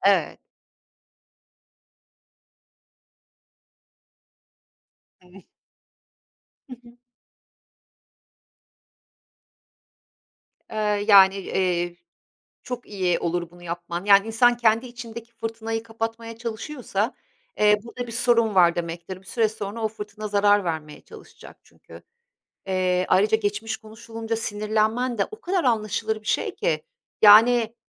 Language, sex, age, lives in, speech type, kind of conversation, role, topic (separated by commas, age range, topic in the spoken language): Turkish, female, 50-54, Italy, conversation, advice, advisor, Geçmişte yaşadığınız olaylar tetiklendiğinde aniden kontrolsüz duygu patlamaları yaşıyor musunuz?